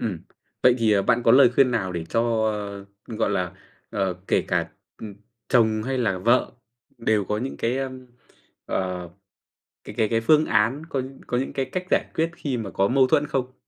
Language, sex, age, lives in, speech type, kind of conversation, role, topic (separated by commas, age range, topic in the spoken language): Vietnamese, male, 25-29, Vietnam, conversation, podcast, host, Làm sao để giữ mối quan hệ tốt với nhà chồng hoặc nhà vợ?